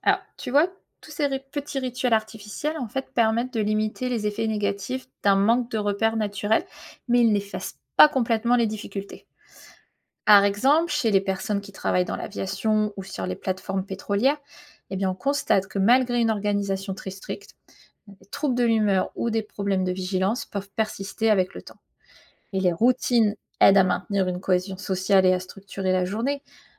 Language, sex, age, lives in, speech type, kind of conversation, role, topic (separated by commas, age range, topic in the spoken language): French, female, 35-39, United States, read, expert-assistant, expert, La disparition du sommeil bouleverserait-elle notre équilibre et nos relations ?